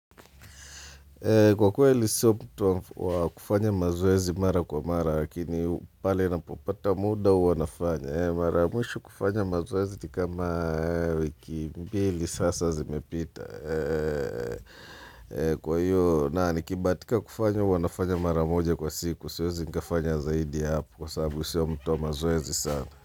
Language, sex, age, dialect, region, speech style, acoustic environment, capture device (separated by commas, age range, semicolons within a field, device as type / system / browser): Swahili, male, 35-44, Tanzania - Dar es Salaam, Tanzania, relaxed, people talking, mobile / Linux / Chrome